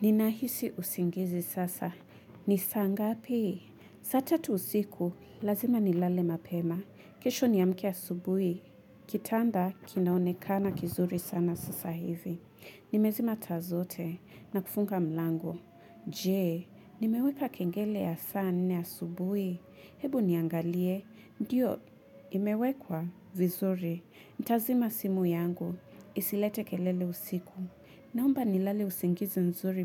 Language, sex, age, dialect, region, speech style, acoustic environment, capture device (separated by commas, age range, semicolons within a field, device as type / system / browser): Swahili, female, 25-34, Kenya - Mombasa, Kenya, relaxed, music, mobile / Linux / Chrome